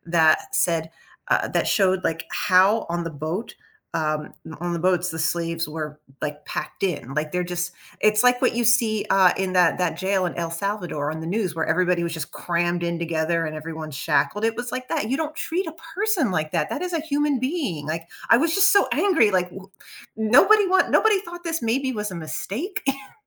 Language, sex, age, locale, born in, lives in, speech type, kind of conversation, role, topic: English, female, 45-49, en-US, United States, United States, conversation, unstructured, none, How do events from the past continue to shape our feelings and relationships today?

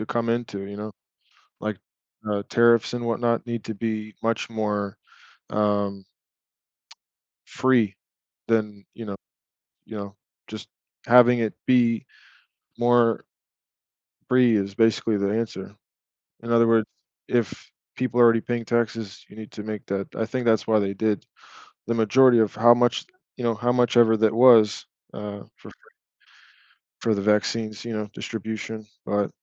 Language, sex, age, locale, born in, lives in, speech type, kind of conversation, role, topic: English, male, 35-39, en-US, United States, United States, conversation, unstructured, none, What could happen to global health if countries worked together to make vaccines accessible to everyone?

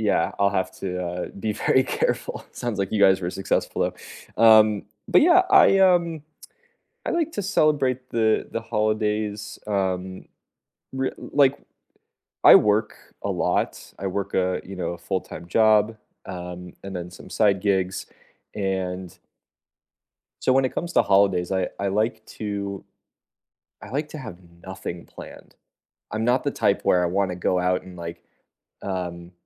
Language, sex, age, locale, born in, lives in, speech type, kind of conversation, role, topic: English, male, 25-29, en-US, United States, United States, conversation, unstructured, none, How do you like to celebrate holidays with your family?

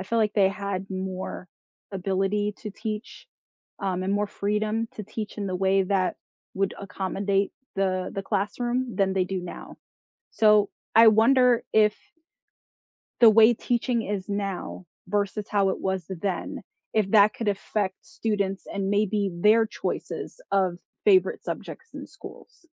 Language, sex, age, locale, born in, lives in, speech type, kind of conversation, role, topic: English, female, 35-39, en-US, United States, United States, conversation, unstructured, none, What was your favorite subject in school, and why?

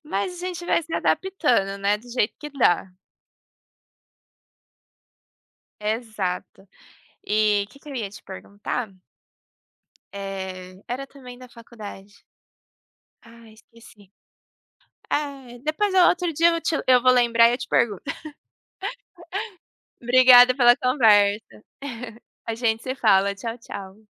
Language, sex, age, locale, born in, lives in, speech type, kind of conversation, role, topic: Portuguese, female, 25-29, pt-PT, Brazil, Germany, conversation, unstructured, none, O que você mais gosta na escola?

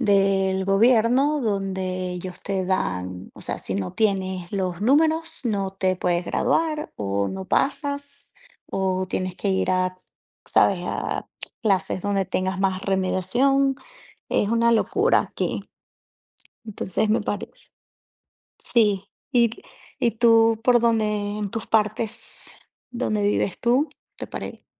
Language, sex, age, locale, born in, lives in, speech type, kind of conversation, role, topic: Spanish, female, 30-34, es-VE, Venezuela, United States, conversation, unstructured, none, ¿Qué harías para mejorar la educación en tu país?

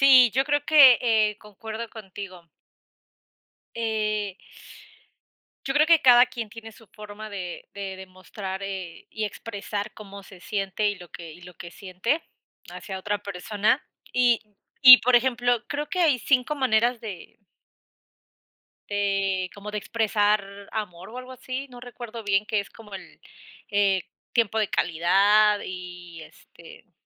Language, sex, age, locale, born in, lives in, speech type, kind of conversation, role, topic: Spanish, female, 25-29, es-US, Mexico, United States, conversation, unstructured, none, ¿Cuándo es un buen momento para decir "te quiero"?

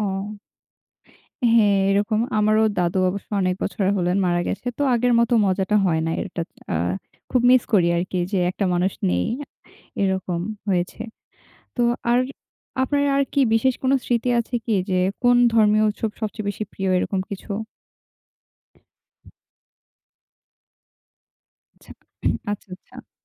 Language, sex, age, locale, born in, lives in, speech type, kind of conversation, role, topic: Bengali, female, 18-19, bn-BD, Bangladesh, Bangladesh, conversation, unstructured, none, আপনার মতে ধর্মীয় উৎসবগুলো কেন এত আনন্দদায়ক?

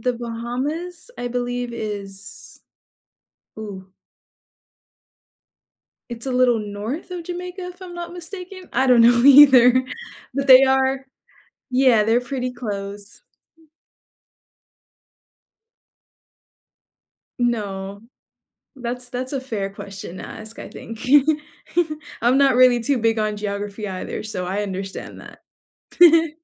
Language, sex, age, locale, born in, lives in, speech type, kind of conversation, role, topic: English, female, 20-24, en-US, United States, United States, conversation, unstructured, none, What is your favorite place you have ever traveled to?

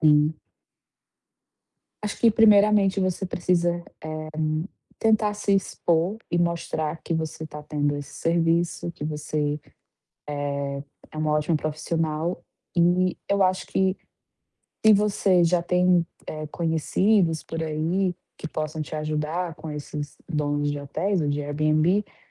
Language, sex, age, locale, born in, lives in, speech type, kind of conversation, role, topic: Portuguese, female, 25-29, pt-BR, Brazil, Portugal, conversation, advice, advisor, Como posso atrair meus primeiros clientes para o meu negócio?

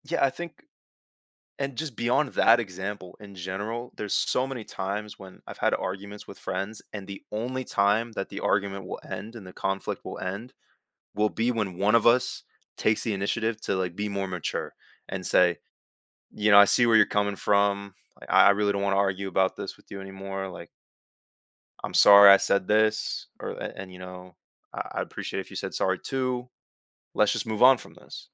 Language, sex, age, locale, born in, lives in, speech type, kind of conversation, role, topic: English, male, 18-19, en-US, United States, United States, conversation, podcast, guest, How can effective communication help people work through disagreements?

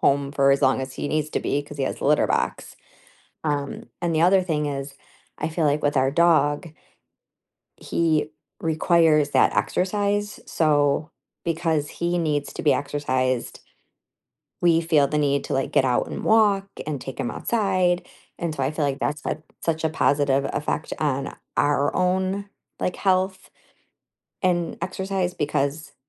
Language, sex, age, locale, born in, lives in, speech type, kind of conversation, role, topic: English, female, 45-49, en-US, United States, United States, conversation, unstructured, none, What are your thoughts on keeping cats versus dogs as pets?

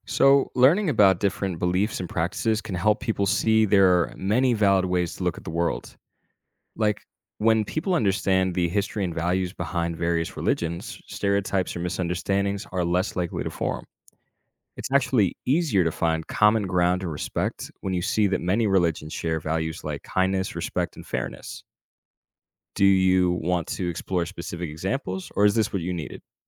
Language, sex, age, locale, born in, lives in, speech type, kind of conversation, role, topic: English, male, 25-29, en-US, United States, United States, read, expert-assistant, expert, How can studying world religions encourage greater tolerance?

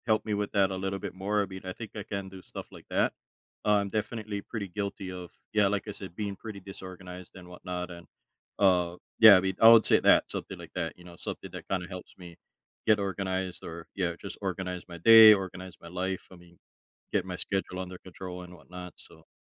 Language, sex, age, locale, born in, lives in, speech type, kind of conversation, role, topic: English, male, 35-39, en-US, United States, United States, conversation, advice, user, How do I build a stable routine while starting a new job?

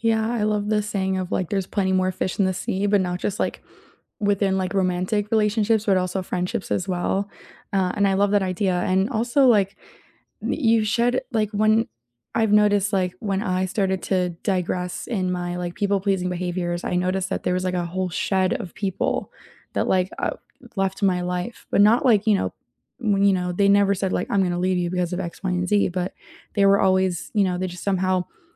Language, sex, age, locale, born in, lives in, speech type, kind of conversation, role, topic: English, female, 20-24, en-US, United States, United States, conversation, unstructured, none, How can you repair a relationship after a conflict with apologies that truly heal the connection?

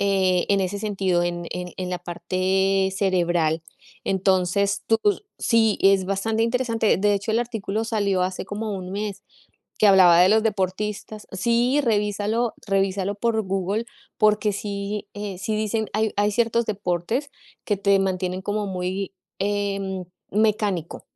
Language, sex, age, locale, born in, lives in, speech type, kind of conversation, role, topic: Spanish, female, 40-44, es-CO, Colombia, United States, conversation, unstructured, none, ¿Cómo cambia el ejercicio tu estado de ánimo?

